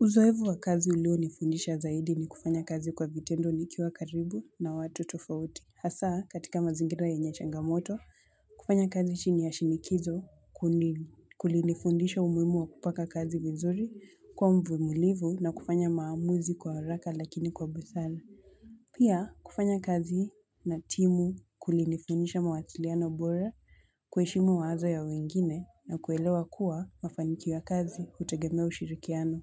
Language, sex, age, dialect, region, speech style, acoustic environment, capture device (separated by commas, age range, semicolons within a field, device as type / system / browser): Swahili, female, 18-24, Kenya - Mombasa, Kenya, tired, people talking, mobile / Linux / Chrome